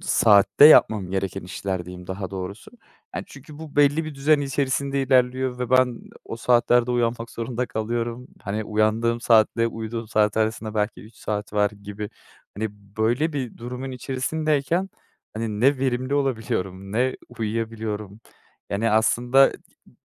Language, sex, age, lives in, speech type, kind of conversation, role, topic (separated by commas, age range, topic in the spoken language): Turkish, male, 25-29, Netherlands, conversation, advice, user, Gün içinde sürekli uyku hali ve düşük konsantrasyon yaşıyor musunuz?